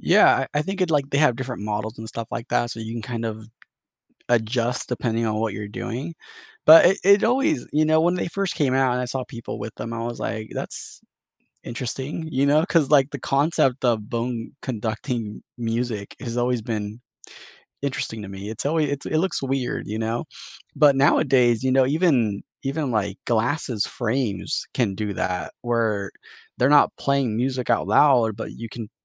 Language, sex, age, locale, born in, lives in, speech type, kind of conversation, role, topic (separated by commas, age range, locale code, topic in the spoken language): English, male, 30-34, en-US, United States, United States, conversation, unstructured, none, How do your morning habits shape your energy and set the tone for your day?